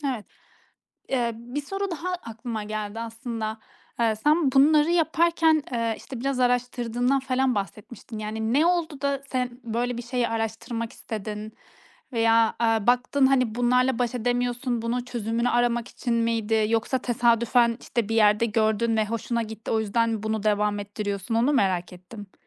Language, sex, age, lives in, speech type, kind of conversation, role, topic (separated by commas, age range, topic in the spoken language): Turkish, female, 30-34, Estonia, conversation, podcast, host, Dağınıklığı hızlıca toparlamak için ne yaparsın?